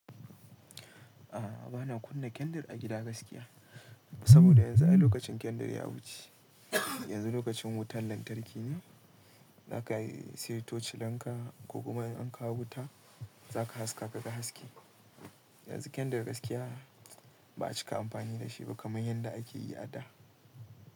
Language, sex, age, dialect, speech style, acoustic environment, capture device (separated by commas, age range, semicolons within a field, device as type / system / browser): Hausa, male, 18-24, Nigeria - Kano, relaxed, silence, mobile / Linux / Chrome